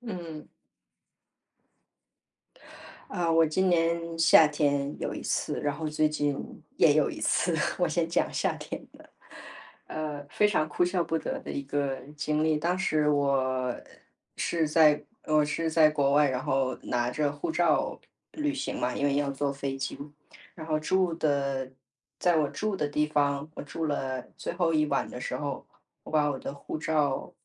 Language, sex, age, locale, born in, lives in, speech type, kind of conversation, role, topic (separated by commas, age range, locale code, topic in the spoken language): Chinese, female, 35-39, zh-CN, China, United States, conversation, podcast, guest, 你能分享一次让你哭笑不得的旅行囧事吗？